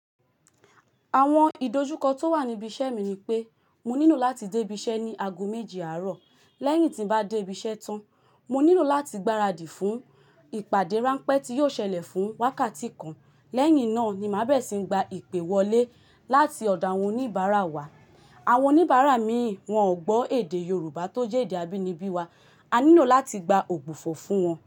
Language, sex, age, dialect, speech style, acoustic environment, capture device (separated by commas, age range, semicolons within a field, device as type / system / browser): Yoruba, female, 25-34, Nigeria - Lagos, happy; relaxed, silence, mobile / Linux / Chrome